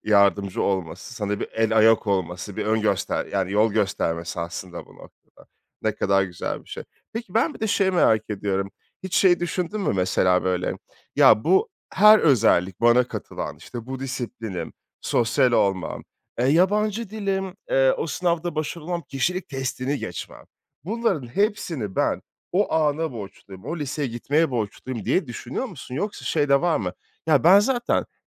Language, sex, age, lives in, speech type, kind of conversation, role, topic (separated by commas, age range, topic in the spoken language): Turkish, male, 30-34, France, conversation, podcast, host, Hayatını kökten değiştiren bir anını anlatır mısın?